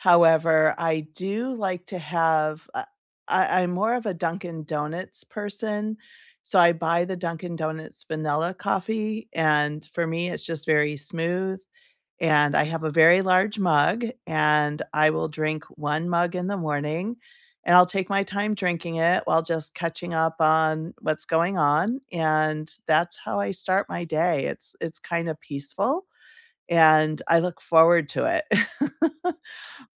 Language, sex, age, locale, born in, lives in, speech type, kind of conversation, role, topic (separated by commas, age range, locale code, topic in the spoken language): English, female, 55-59, en-US, United States, United States, conversation, unstructured, none, What is one small morning habit that has recently improved your mornings, and how did you start it?